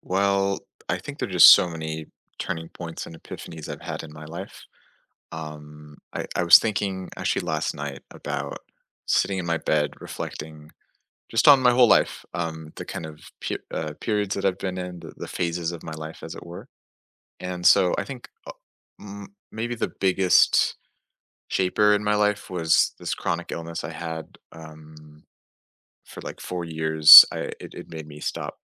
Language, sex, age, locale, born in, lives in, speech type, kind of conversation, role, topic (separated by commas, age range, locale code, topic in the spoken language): English, male, 20-24, en-US, United States, United States, conversation, unstructured, none, What’s a moment that changed your perspective on life?